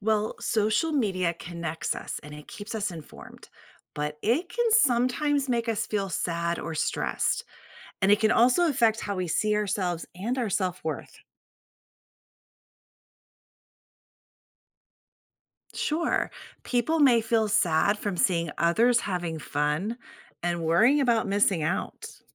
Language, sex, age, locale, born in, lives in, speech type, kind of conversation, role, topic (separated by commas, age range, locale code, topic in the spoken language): English, female, 45-49, en-US, Germany, United States, read, expert-assistant, expert, What impact does social media have on mental health?